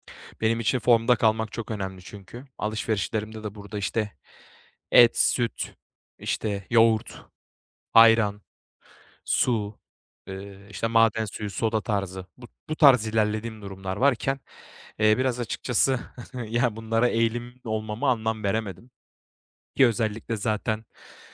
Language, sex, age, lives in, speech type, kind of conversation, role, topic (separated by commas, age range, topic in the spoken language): Turkish, male, 25-29, Bulgaria, conversation, advice, user, Markette ekonomik ve besleyici yiyecekleri nasıl daha iyi seçebilirim?